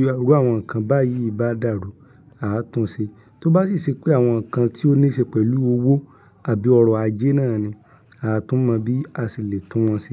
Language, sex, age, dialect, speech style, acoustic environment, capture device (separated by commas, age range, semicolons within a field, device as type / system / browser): Yoruba, male, 25-34, Nigeria - Ibadan, happy, silence; people talking, mobile / Linux / Chrome